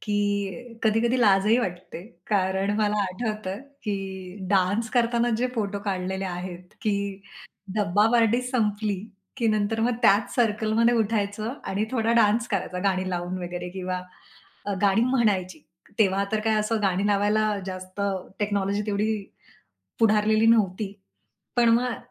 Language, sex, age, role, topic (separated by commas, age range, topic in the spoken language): Marathi, female, 40-44, guest, फोटो अल्बम उघडल्यावर कोणत्या आठवणींमुळे तुला हसू येतं?